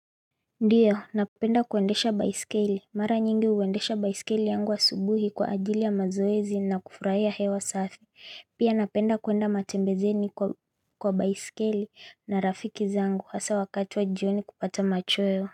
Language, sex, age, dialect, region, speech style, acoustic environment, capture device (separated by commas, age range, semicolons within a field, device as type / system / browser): Swahili, female, 25-34, Kenya - Mombasa, Kenya, focused; relaxed, silence, mobile / Linux / Chrome